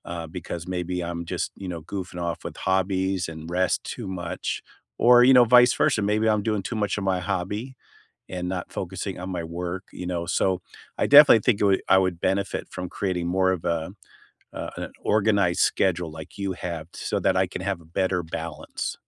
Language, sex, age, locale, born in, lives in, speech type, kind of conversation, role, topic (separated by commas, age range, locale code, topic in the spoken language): English, male, 60-64, en-US, United States, United States, conversation, unstructured, none, How do you balance homework, hobbies, and rest?